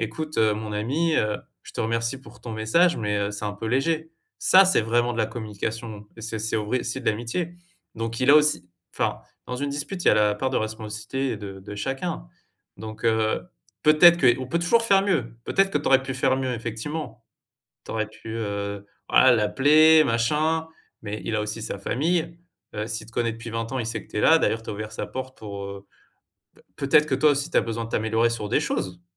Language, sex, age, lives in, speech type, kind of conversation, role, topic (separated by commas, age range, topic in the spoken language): French, male, 35-39, France, conversation, advice, advisor, Pourquoi mon ami est-il devenu distant après une dispute ?